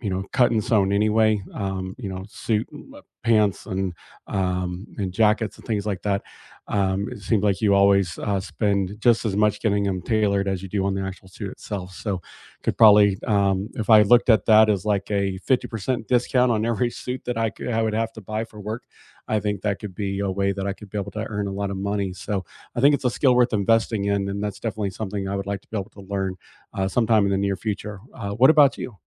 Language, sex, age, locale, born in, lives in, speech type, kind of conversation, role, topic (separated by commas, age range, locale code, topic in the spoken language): English, male, 40-44, en-US, United States, United States, conversation, unstructured, none, What is one skill you want to learn soon?